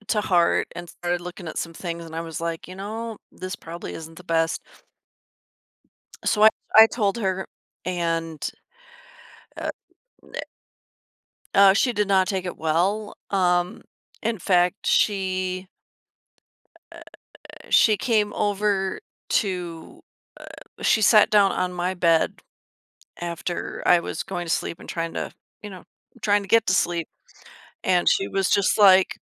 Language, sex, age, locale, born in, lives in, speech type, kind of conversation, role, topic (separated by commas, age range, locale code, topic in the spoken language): English, female, 50-54, en-US, United States, United States, conversation, advice, user, How do I repair a friendship after a disagreement?